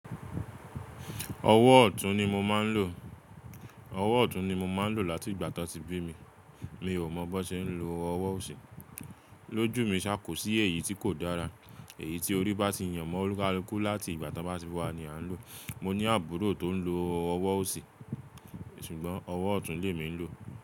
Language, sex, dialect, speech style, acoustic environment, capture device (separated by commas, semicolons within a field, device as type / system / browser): Yoruba, male, Nigeria - Nigerian Standard, relaxed, appliances; silence, mobile / Linux / Chrome